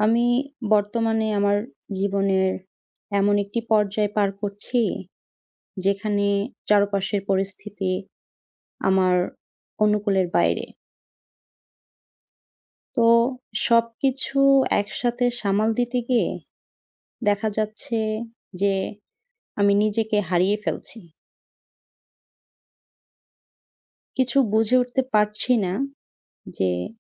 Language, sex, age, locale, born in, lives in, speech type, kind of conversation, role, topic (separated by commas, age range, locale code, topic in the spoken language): Bengali, female, 20-24, bn-BD, Bangladesh, Bangladesh, conversation, advice, user, অনিশ্চয়তার মধ্যে আমি কীভাবে শান্ত ও স্থির থাকতে পারি?